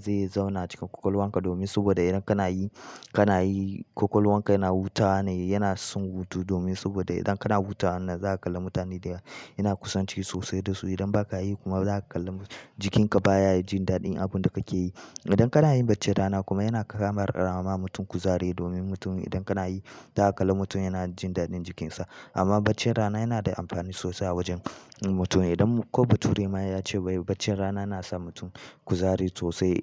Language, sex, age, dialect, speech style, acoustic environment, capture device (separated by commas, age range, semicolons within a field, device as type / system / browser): Hausa, male, 18-24, Nigeria - Kano, happy, people talking; nature, mobile / Linux / Chrome